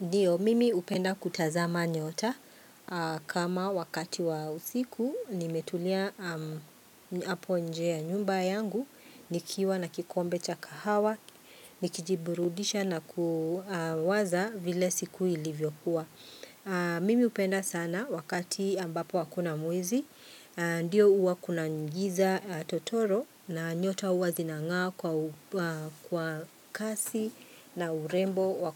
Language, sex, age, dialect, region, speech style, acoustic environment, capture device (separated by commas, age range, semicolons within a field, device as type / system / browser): Swahili, female, 45-54, Kenya - Nairobi Sheng-influenced, Kenya, happy; relaxed; nervous, people talking; nature, mobile / Linux / Chrome